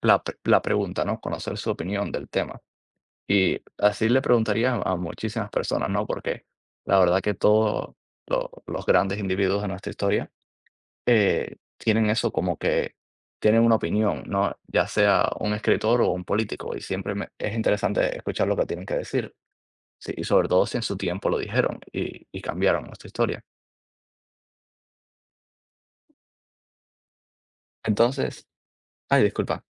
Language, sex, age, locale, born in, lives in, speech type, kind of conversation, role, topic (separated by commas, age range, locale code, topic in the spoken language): Spanish, male, 20-24, es-CU, Cuba, United States, conversation, unstructured, none, ¿Qué figura histórica admiras y por qué?